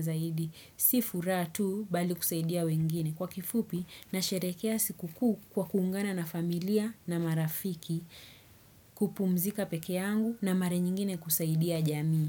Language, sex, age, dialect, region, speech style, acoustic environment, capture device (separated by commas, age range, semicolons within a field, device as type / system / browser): Swahili, female, 25-34, Kenya - Nairobi Sheng-influenced, Kenya, happy, silence, desktop / Windows / Chrome